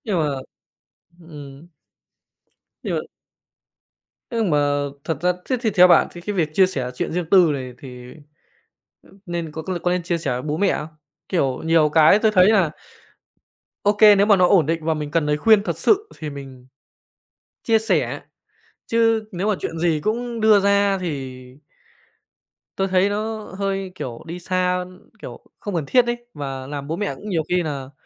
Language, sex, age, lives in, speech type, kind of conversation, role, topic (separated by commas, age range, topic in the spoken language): Vietnamese, male, 25-29, Japan, conversation, unstructured, none, Bạn nghĩ sao khi người thân luôn can thiệp vào chuyện riêng tư của bạn?